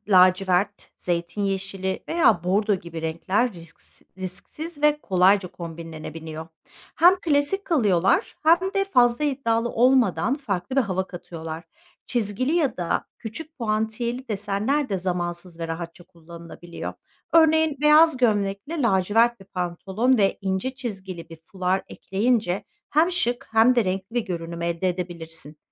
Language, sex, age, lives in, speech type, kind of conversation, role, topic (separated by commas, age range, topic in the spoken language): Turkish, female, 45-49, Netherlands, read, expert-assistant, expert, Sabah hızlı hazırlanırken hem şık hem rahat kombinler nasıl yapılır?